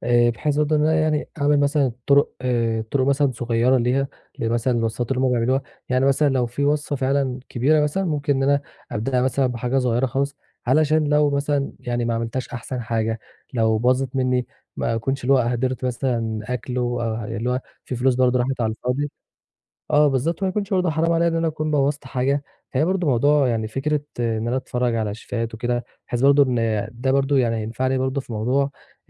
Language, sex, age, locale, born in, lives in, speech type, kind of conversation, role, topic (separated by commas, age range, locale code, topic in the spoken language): Arabic, male, 20-24, ar-EG, Egypt, Egypt, conversation, advice, user, إزاي أبدأ أبني ثقتي في الطبخ في البيت خطوة بخطوة؟